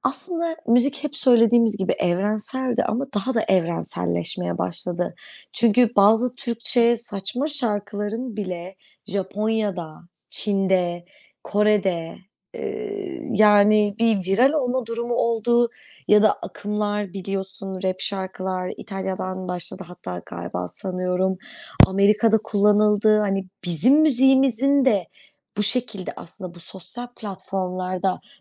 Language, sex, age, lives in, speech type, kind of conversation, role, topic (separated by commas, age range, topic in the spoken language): Turkish, female, 25-29, Netherlands, conversation, unstructured, none, Müzik dinlemek sizi nasıl etkiler?